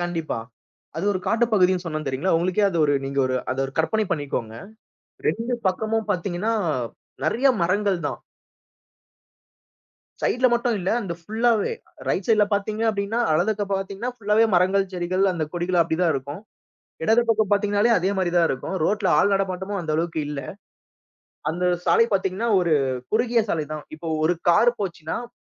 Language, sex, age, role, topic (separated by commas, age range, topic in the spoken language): Tamil, male, 30-34, guest, வழி தவறிச் சென்றதால் ஏற்பட்ட சாகச அனுபவத்தைப் பற்றி பகிரலாமா?